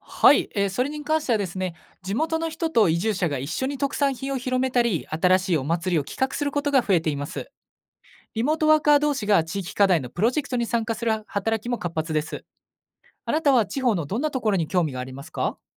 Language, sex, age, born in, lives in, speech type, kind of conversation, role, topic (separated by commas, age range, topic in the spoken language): Japanese, male, 20-24, Japan, Japan, read, expert-assistant, expert, リモートワークが増えると、都会と田舎の暮らし方はどんなふうに変わる可能性がありますか？